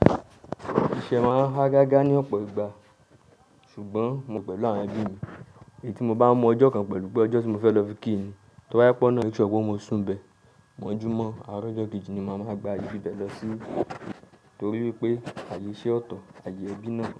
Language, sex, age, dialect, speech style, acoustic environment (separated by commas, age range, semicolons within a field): Yoruba, male, 25-34, Nigeria - Nigerian Standard, relaxed; focused, silence